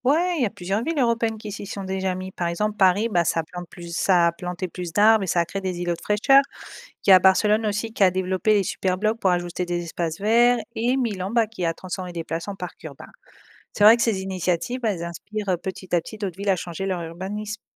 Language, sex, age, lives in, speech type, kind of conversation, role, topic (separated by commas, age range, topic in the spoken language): French, female, 35-39, Spain, read, expert-assistant, expert, Imagine que la Terre devienne soudainement plus chaude de 3°C : comment réagirions-nous dans nos vies de tous les jours ?